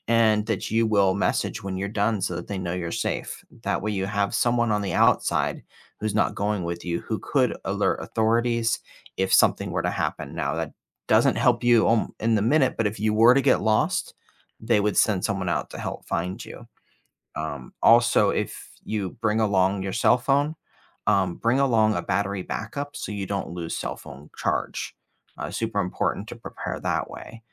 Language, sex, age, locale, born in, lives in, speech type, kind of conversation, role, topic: English, male, 45-49, en-US, United States, United States, conversation, advice, advisor, How can I meet people and explore my new city on a tight budget?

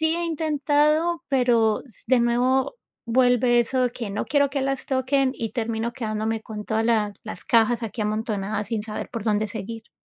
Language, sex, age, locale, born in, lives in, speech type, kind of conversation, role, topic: Spanish, female, 35-39, es-CO, United States, United States, conversation, advice, user, ¿Cómo procrastinas repetidamente cuando tienes que hacer tareas importantes?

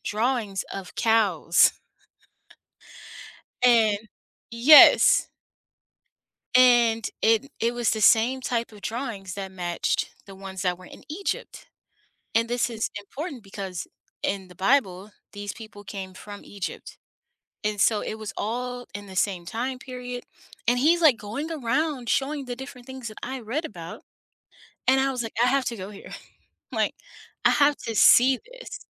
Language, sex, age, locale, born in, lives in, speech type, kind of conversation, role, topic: English, female, 30-34, en-US, United States, United States, conversation, unstructured, none, What is your favorite place you have ever traveled to?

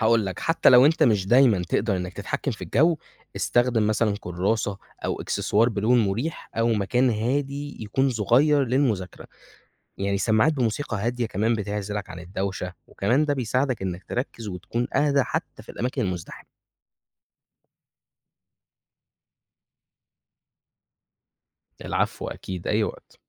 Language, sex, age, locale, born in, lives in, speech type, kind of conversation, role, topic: Arabic, male, 20-24, ar-EG, Egypt, Egypt, read, expert-assistant, expert, إيه الدروس اللي ممكن أتعلمها من طريقة تواصل الكائنات البحرية عشان أحسن علاقاتي مع اللي حواليا؟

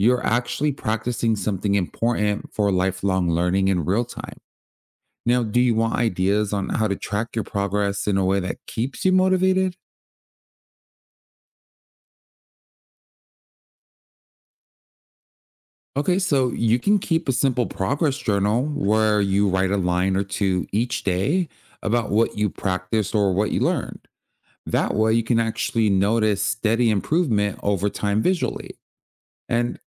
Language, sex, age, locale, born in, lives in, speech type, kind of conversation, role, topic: English, male, 30-34, en-US, United States, United States, read, expert-assistant, expert, How can you develop a growth mindset?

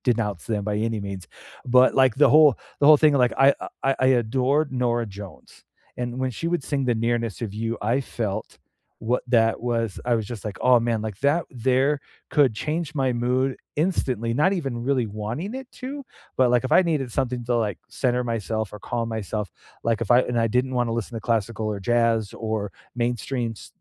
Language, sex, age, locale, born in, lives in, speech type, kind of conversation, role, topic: English, male, 45-49, en-US, United States, United States, conversation, unstructured, none, What music always lifts your mood, and why?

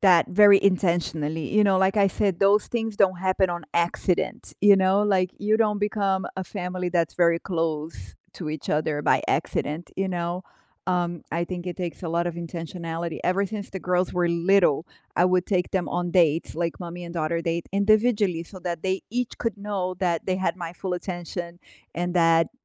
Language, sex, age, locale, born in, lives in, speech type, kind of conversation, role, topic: English, female, 45-49, en-BR, Brazil, United States, conversation, unstructured, none, What everyday rituals help you feel close to loved ones?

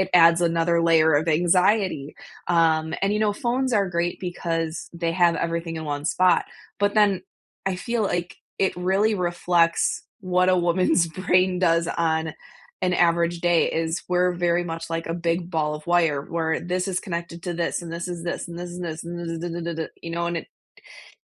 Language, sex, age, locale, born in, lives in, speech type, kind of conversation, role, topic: English, female, 25-29, en-US, United States, United States, conversation, unstructured, none, When was the last time you felt truly rested, and why?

